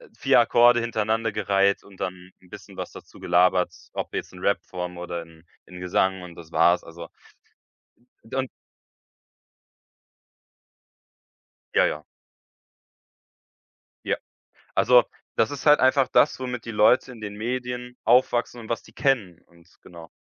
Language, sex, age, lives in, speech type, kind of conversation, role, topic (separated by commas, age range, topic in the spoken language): German, male, 18-19, Germany, conversation, podcast, guest, Wie findest du heutzutage neue Musik – eher über Algorithmen oder über Empfehlungen von Freund:innen?